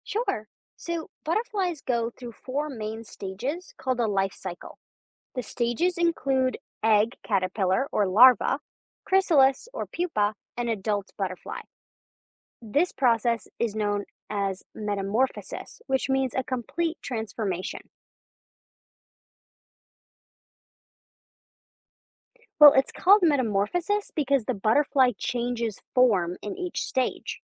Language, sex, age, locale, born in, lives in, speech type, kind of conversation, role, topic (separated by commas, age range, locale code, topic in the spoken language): English, female, 20-24, en-US, United States, United States, read, expert-assistant, expert, What are the different stages of butterfly development?